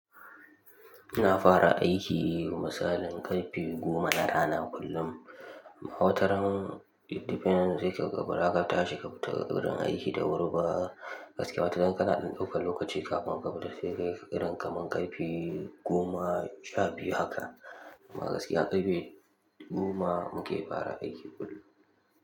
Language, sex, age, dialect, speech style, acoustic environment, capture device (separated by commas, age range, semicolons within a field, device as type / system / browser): Hausa, male, 18-24, Nigeria - Kano, happy, nature, mobile / Linux / Chrome